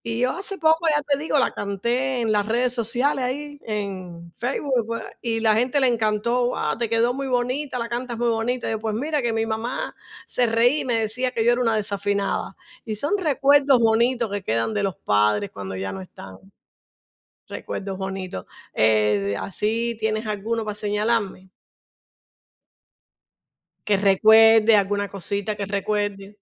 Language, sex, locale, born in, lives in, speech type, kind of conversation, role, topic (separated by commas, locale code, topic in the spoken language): Spanish, female, es-CU, Cuba, United States, conversation, unstructured, none, ¿Te gustaría compartir un recuerdo feliz de alguien que ya no está?